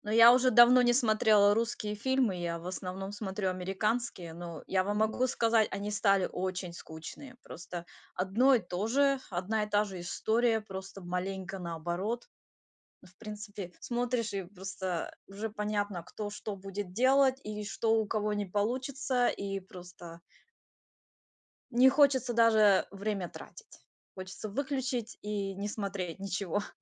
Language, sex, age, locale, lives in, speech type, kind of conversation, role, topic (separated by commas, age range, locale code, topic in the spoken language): Russian, female, 35-39, ru-RU, United States, conversation, unstructured, none, Что вас больше всего раздражает в современных комедиях?